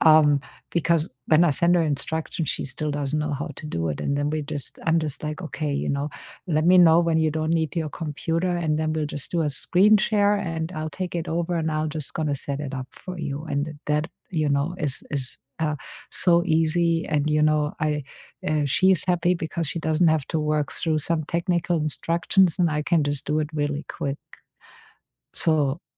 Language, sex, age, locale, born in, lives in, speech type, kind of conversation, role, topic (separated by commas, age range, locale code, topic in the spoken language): English, female, 50-54, en-DE, Germany, United States, conversation, unstructured, none, How does technology shape your daily work, and where do you still add a human touch?